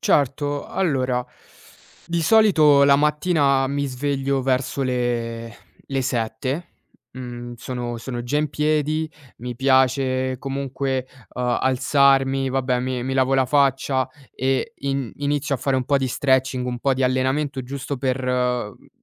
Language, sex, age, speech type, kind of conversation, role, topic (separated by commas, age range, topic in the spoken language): Italian, male, 20-24, conversation, advice, user, Come posso trovare tempo per i miei hobby o interessi?